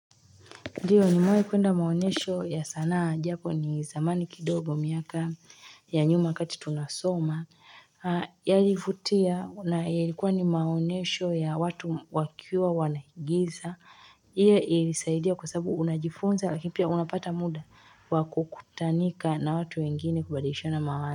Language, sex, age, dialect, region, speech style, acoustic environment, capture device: Swahili, female, 25-34, Tanzania - Dar es Salaam, Tanzania, relaxed; tired, nature; silence, mobile / Linux / Chrome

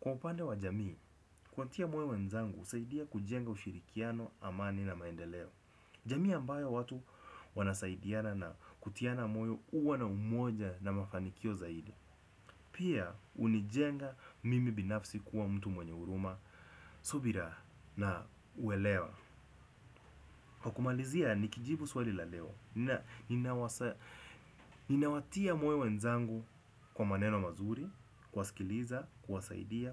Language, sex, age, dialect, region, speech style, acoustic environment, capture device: Swahili, male, 25-34, Kenya - Nairobi Sheng-influenced, Kenya, focused, nature; traffic; construction, desktop / Windows / Chrome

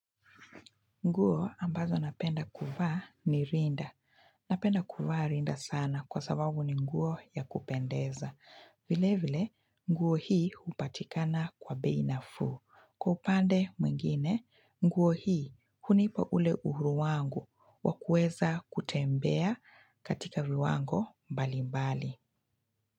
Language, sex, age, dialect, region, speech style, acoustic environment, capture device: Swahili, female, 25-34, Kenya - Mombasa, Kenya, relaxed, silence, mobile / Linux / Chrome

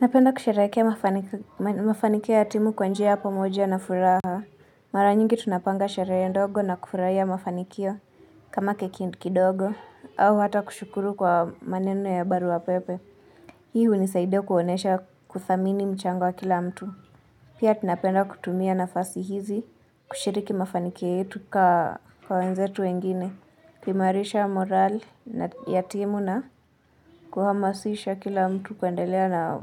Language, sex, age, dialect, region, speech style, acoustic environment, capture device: Swahili, female, 25-34, Kenya - Nairobi Sheng-influenced, Kenya, relaxed, people talking; appliances, mobile / Linux / Chrome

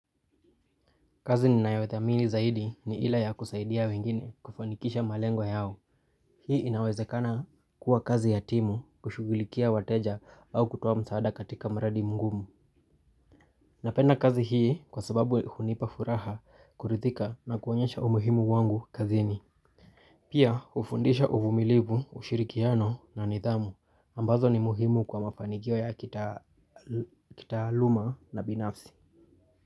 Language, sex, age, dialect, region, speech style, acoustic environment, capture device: Swahili, male, 25-34, Kenya - Nairobi Sheng-influenced, Kenya, tired, appliances, mobile / Linux / Chrome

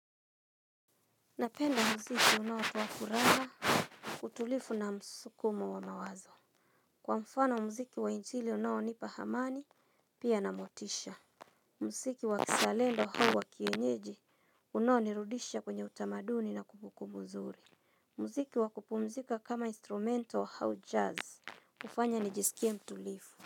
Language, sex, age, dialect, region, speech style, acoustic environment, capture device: Swahili, female, 35-44, Kenya - Nairobi Sheng-influenced, Kenya, happy, silence, mobile / Linux / Chrome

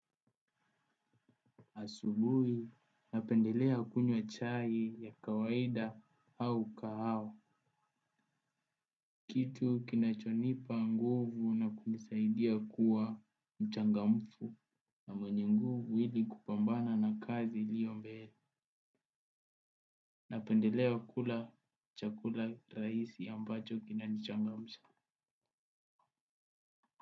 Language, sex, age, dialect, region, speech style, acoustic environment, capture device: Swahili, male, 18-24, Kenya - Nairobi Sheng-influenced, Kenya, focused, appliances, desktop / Windows / Chrome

